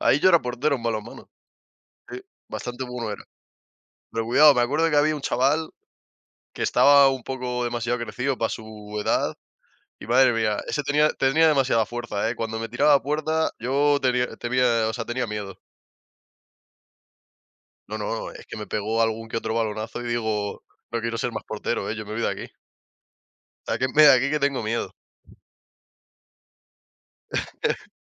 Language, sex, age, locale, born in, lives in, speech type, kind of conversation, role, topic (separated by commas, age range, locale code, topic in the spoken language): Spanish, male, 20-24, es-ES, Spain, Spain, conversation, unstructured, none, ¿Qué deporte crees que tiene más potencial para el desarrollo personal?